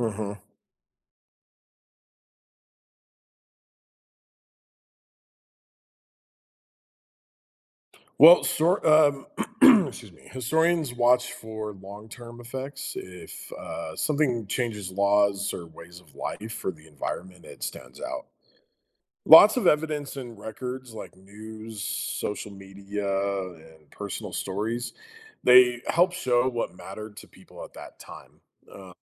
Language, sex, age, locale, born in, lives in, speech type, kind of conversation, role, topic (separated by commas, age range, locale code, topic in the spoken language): English, male, 35-39, en-US, United States, United States, read, expert-assistant, expert, Why is it important to learn from history?